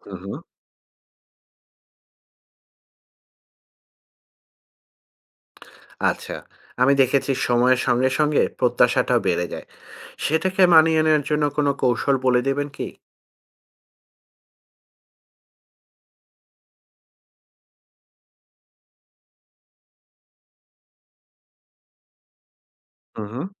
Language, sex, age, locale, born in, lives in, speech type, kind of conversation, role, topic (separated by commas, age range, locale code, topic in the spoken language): Bengali, male, 40-44, bn-BD, Bangladesh, Finland, read, expert-assistant, asker, বন্ধুত্বে সীমারেখার বিষয়টি নিয়ে অনেকের মধ্যে বিভ্রান্তি দেখা যায়—কোনটা বন্ধুত্ব, আর কখন সেটা অন্য কিছুতে রূপ নেয়? এই বিভ্রান্তি কাটানোর উপায় কী?